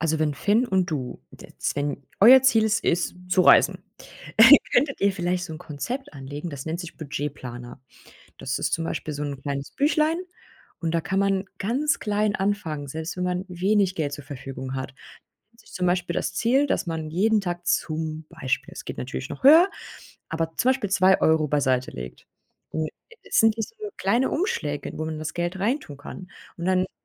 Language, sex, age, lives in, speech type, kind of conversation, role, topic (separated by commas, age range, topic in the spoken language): German, female, 30-34, Germany, conversation, advice, advisor, Wie kann ich mit der Angst umgehen, beim Sparen etwas zu verpassen?